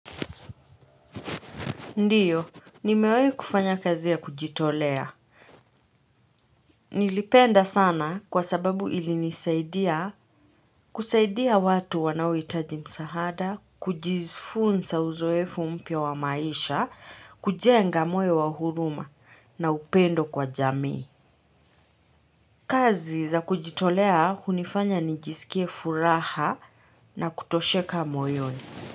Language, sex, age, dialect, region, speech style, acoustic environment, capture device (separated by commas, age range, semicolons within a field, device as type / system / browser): Swahili, female, 25-34, Kenya - Nairobi Sheng-influenced, Kenya, happy; relaxed; focused, silence, mobile / Linux / Chrome